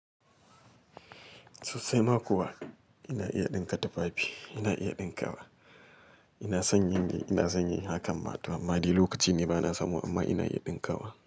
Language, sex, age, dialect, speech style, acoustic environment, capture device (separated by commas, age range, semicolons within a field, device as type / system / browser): Hausa, male, 35-44, Nigeria - Kano, relaxed, silence, mobile / Linux / Chrome